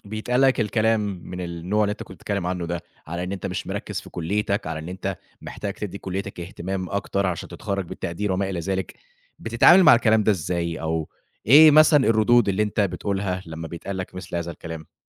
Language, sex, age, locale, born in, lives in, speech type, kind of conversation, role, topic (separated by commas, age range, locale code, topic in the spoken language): Arabic, male, 25-29, ar-EG, Egypt, Egypt, conversation, podcast, host, إيه رأيك في أهمية الشهادة الجامعية دلوقتي؟